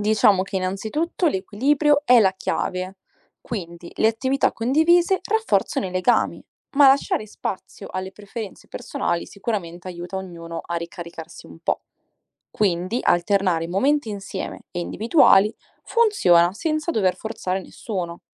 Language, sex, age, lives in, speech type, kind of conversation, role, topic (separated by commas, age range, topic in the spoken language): Italian, female, 20-24, Italy, read, expert-assistant, expert, Come bilanciare videogiochi, relax e vita familiare?